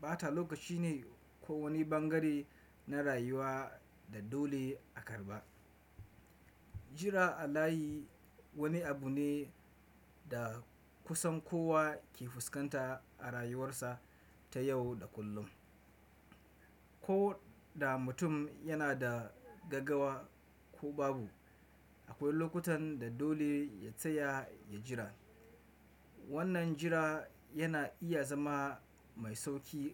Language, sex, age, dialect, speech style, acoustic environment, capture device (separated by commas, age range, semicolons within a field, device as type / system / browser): Hausa, male, 25-34, Nigeria - Kano, focused, nature, desktop / Windows / Chrome